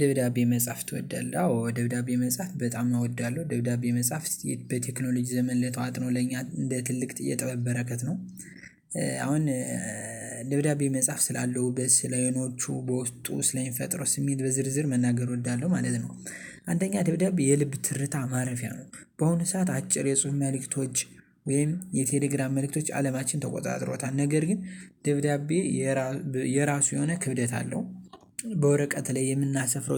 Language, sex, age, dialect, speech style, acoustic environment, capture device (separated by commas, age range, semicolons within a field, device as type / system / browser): Amharic, male, 18-24, Ethiopia - Gondar, happy; excited; focused, nature; silence, mobile / Linux / Chrome